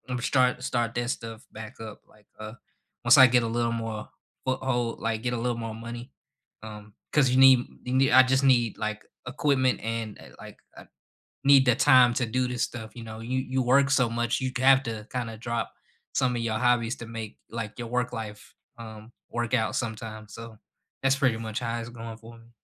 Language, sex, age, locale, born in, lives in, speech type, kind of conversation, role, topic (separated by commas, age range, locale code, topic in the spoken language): English, male, 25-29, en-US, United States, United States, conversation, unstructured, none, What new hobby have you started recently, what inspired you to try it, and how has it changed your daily routine?